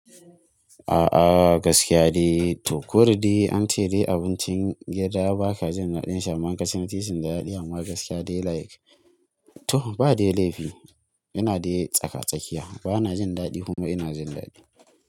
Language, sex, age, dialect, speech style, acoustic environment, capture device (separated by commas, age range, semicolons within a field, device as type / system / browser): Hausa, male, 18-24, Nigeria - Kano, relaxed, nature, mobile / Linux / Chrome